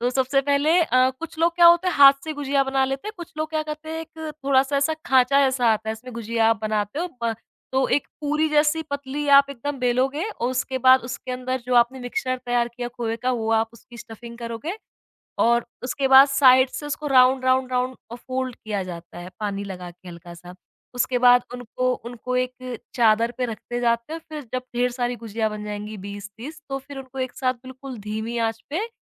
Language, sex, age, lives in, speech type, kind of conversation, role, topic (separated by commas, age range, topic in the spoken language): Hindi, female, 25-29, India, conversation, podcast, guest, Kya aapke paas koi tyohar ke khaane ki aisi yaad hai jo hamesha aapke dil ko chhoo jaati hai?